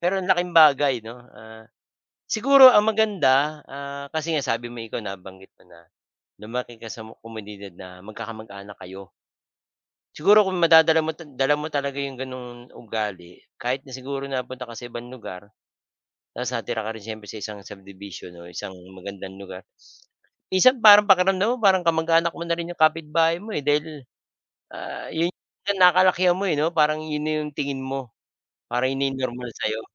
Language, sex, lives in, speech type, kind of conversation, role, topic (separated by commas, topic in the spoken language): Filipino, male, Philippines, conversation, unstructured, none, Paano mo ilalarawan ang komunidad kung saan ka lumaki?